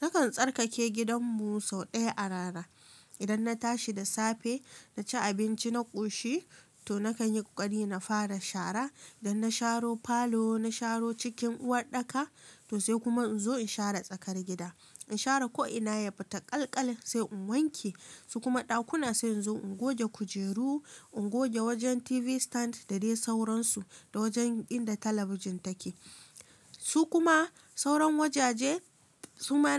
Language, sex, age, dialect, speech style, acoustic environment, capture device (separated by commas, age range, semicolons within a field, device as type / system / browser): Hausa, female, 25-34, Nigeria - Kano, relaxed, silence, mobile / Linux / Chrome